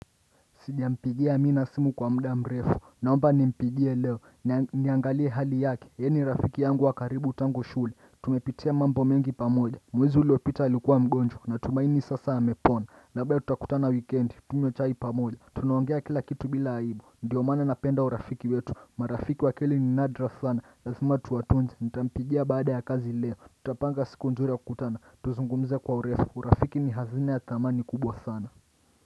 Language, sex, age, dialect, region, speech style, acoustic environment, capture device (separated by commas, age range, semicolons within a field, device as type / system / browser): Swahili, male, 25-34, Kenya - Mombasa, Kenya, happy; excited; focused, nature, desktop / Windows / Chrome